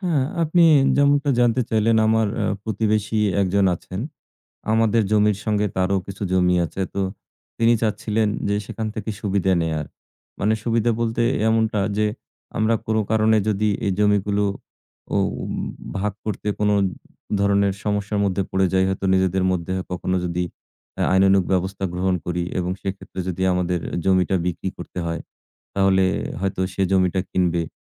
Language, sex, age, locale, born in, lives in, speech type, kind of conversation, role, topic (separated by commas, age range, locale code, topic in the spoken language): Bengali, male, 40-44, bn-BD, Bangladesh, Bangladesh, conversation, advice, user, উত্তরাধিকার বা জমি-সম্পত্তি নিয়ে আপনার পরিবারের ঝগড়ার বিষয়টি কী, এবং আপনি কীভাবে সমাধান চান?